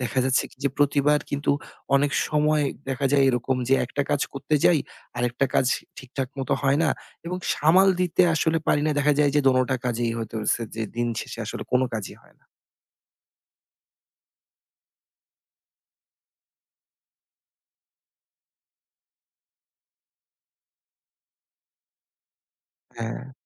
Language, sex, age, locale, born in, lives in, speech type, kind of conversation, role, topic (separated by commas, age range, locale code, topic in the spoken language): Bengali, male, 30-34, bn-BD, Bangladesh, Bangladesh, conversation, advice, user, কোন কাজ আগে করব বুঝতে পারছি না